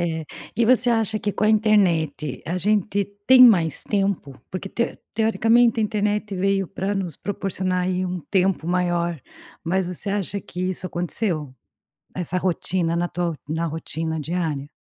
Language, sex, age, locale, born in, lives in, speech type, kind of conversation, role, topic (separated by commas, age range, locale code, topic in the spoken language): Portuguese, female, 45-49, pt-BR, Brazil, Portugal, conversation, podcast, host, Como a internet mudou sua rotina diária?